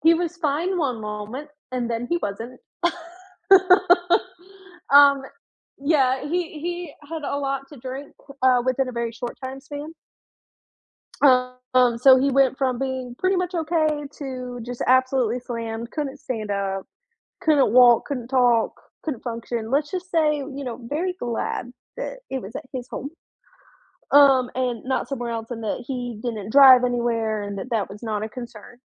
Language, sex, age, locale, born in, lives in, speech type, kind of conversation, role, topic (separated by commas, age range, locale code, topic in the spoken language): English, female, 20-24, en-US, United States, United States, conversation, unstructured, none, Which photo on your phone has a good story behind it?